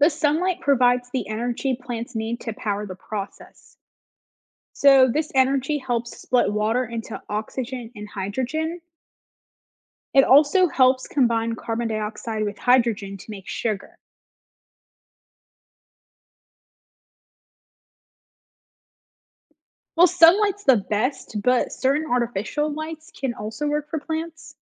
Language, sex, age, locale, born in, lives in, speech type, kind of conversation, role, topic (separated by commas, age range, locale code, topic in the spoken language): English, female, 25-29, en-US, United States, United States, read, expert-assistant, expert, What is the process of photosynthesis?